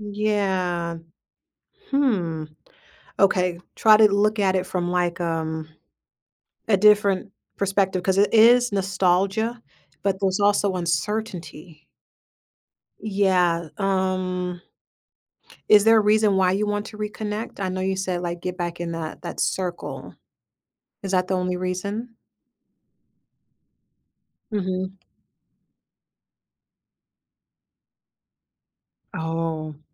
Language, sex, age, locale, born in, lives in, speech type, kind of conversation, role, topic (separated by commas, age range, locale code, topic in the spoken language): English, female, 30-34, en-US, United States, United States, conversation, advice, advisor, How can I reconnect with an old friend without making it awkward?